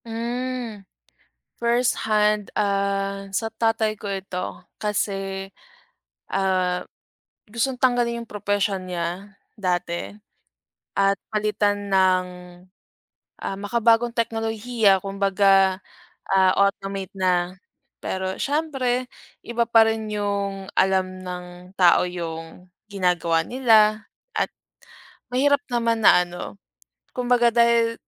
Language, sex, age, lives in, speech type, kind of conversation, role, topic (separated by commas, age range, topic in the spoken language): Filipino, female, 25-29, Philippines, conversation, unstructured, none, Ano ang opinyon mo tungkol sa mga protesta laban sa hindi patas na batas?